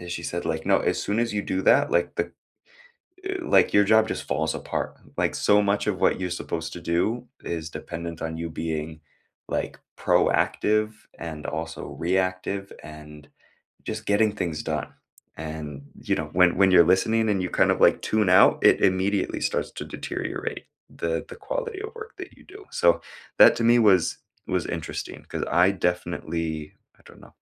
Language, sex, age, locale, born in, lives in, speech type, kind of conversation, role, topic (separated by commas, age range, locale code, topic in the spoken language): English, male, 25-29, en-US, United States, United States, conversation, unstructured, none, What first impressions of someone surprised you, and what did you learn as you got to know them?